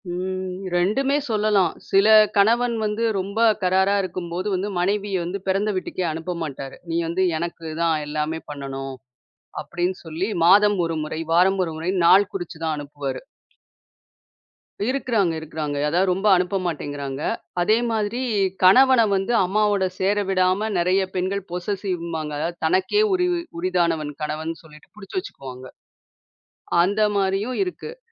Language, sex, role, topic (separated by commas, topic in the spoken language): Tamil, female, guest, உறவுகளில் நம்பிக்கையை உருவாக்க, உங்கள் பார்வையில் எது மிக முக்கியம்?